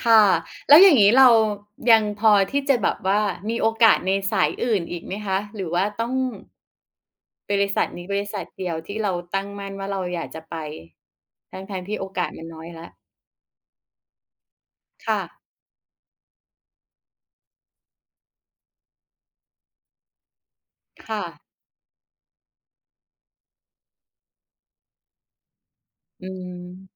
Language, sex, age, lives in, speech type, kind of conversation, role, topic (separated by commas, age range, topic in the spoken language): Thai, female, 45-49, Thailand, conversation, podcast, host, เคยมีความล้มเหลวที่กลายเป็นโอกาสไหม เล่าให้ฟังหน่อย?